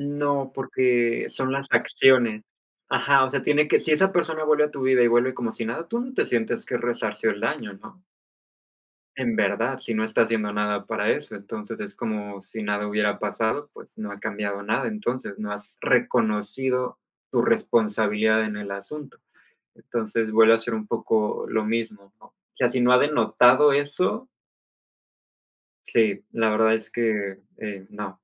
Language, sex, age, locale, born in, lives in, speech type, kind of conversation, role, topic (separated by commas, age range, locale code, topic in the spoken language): Spanish, male, 25-29, es-MX, Mexico, Mexico, conversation, unstructured, none, ¿Hasta qué punto perdonas a un amigo que te ha traicionado?